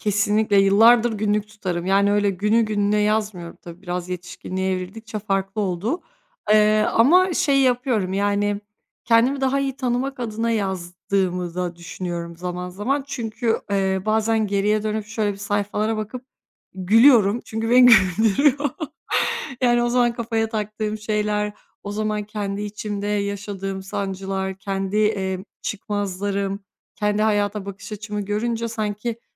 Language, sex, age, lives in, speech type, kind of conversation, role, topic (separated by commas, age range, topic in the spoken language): Turkish, female, 30-34, Bulgaria, conversation, podcast, guest, Yabancılaşma hissettiğinde ilk olarak ne yaparsın, somut bir örnek verebilir misin?